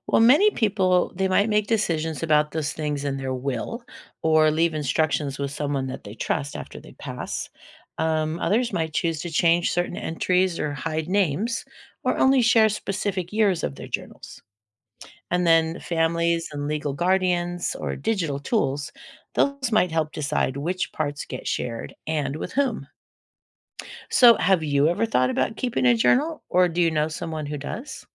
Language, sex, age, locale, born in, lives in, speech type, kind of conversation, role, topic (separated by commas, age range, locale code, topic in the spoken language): English, female, 60-64, en-US, United States, United States, read, expert-assistant, expert, What would happen if everyone kept a daily journal?